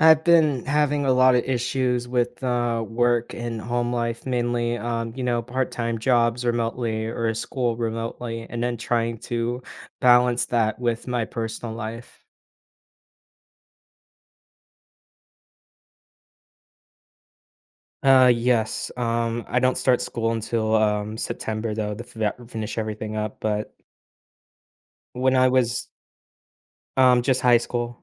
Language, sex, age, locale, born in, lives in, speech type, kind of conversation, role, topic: English, male, 18-19, en-US, United States, United States, conversation, advice, user, How can I balance work and home life?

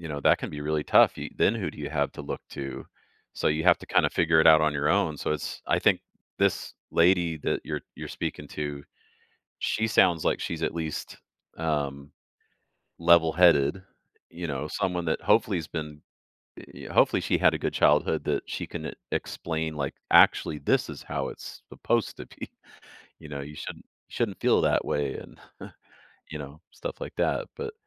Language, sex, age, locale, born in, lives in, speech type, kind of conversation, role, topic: English, male, 45-49, en-US, Canada, United States, conversation, advice, advisor, How can I have more honest, meaningful conversations with friends and family?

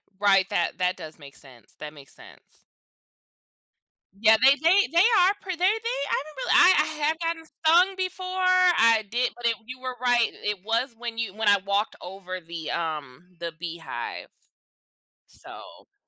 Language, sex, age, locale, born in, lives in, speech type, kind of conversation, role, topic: English, female, 25-29, en-US, United States, United States, conversation, podcast, host, How do bees help sustain our environment and food supply?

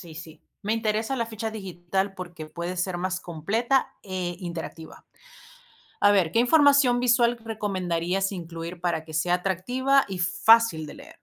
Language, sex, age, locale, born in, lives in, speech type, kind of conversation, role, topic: Spanish, female, 35-39, es-VE, Venezuela, United States, read, expert-assistant, asker, ¿Qué diferencia existe realmente entre el arte popular y la artesanía? Siempre escucho ambos términos, pero no sé si significan lo mismo o hay matices importantes.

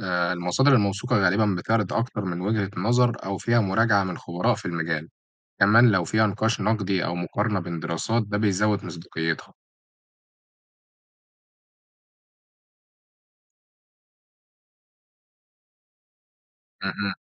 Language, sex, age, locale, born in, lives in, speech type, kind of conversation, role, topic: Arabic, male, 18-19, ar-EG, Egypt, Egypt, read, expert-assistant, expert, لو عايز أبحث عن موضوع معين بعمق على الإنترنت، إيه هي الاستراتيجيات اللي تخليني أوصل لمصادر موثوقة ومحتوى غني مش بس نتائج سطحية؟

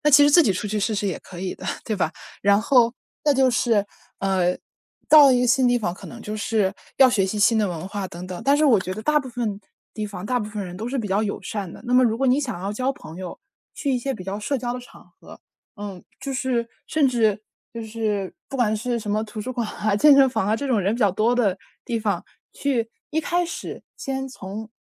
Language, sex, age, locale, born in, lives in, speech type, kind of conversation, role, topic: Chinese, female, 20-24, zh-CN, China, United States, conversation, advice, advisor, 离别后我该如何处理自己的情绪？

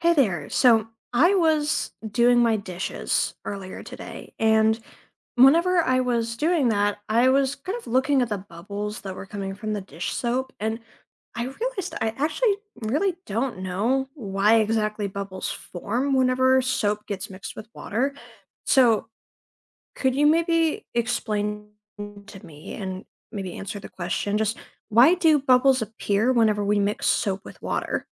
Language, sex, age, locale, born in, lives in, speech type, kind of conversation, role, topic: English, other, 20-24, en-US, United States, United States, read, expert-assistant, asker, Why do bubbles form when soap is mixed with water?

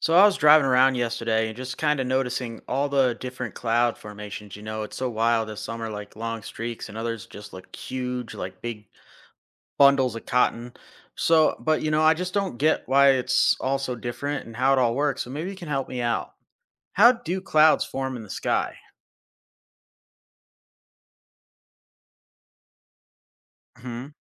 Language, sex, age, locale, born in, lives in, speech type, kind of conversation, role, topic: English, male, 35-39, en-US, United States, United States, read, expert-assistant, asker, How do clouds and storms form and what signs indicate their arrival?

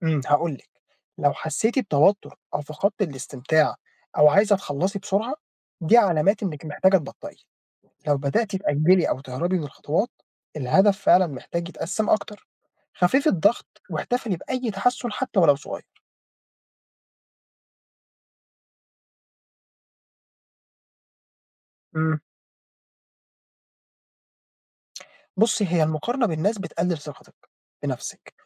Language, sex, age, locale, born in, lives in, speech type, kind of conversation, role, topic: Arabic, male, 20-24, ar-EG, Egypt, Egypt, read, expert-assistant, expert, سمعت إن الناس اللي بتحكي أهدافها للناس أقل حماس لتحقيقها، هل المعلومة دي صح؟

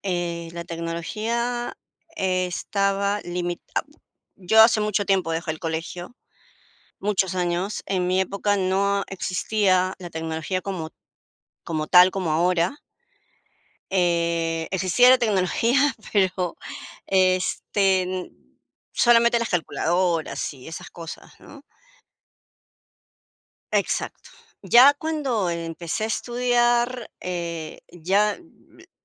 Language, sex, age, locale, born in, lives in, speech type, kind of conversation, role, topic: Spanish, female, 55-59, es-PE, Peru, United States, conversation, unstructured, none, ¿Crees que la tecnología ha mejorado la educación?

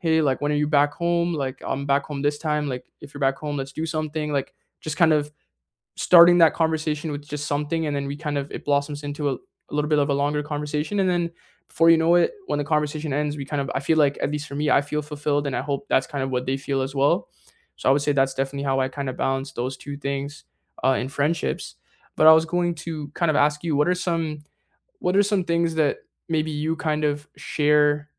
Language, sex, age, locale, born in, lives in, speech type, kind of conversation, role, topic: English, male, 20-24, en-CA, Canada, Canada, conversation, unstructured, none, How do you balance independence and togetherness in relationships?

